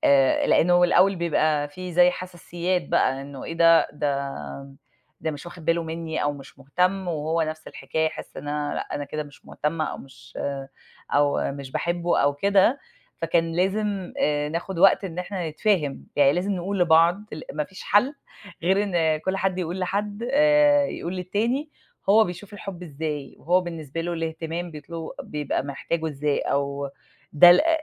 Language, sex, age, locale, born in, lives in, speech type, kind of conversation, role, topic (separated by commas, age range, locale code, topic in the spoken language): Arabic, female, 40-44, ar-EG, Egypt, United States, conversation, unstructured, none, إزاي بتعبر عن حبك لحد؟